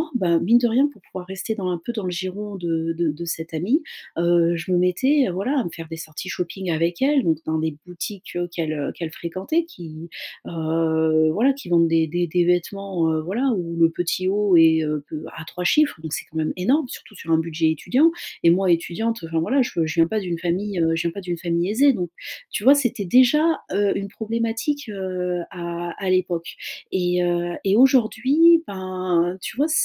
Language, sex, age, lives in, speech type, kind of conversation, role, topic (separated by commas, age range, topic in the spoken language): French, female, 35-39, France, conversation, advice, user, Comparaison sociale et dépenses